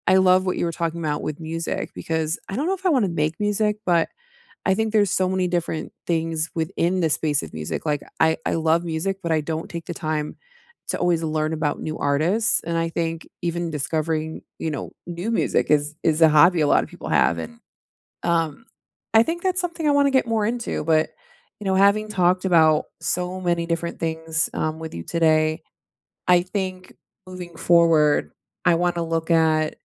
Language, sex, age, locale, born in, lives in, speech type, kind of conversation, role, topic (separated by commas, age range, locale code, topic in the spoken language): English, female, 35-39, en-US, United States, United States, conversation, unstructured, none, What hobby would you love to try if you had more time?